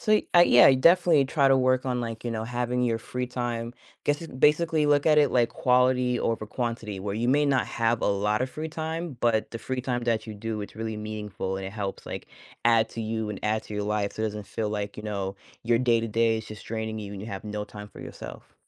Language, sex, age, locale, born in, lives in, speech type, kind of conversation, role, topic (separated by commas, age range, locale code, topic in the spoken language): English, female, 30-34, en-US, United States, United States, conversation, advice, advisor, How can I better balance my work responsibilities with my personal and family life?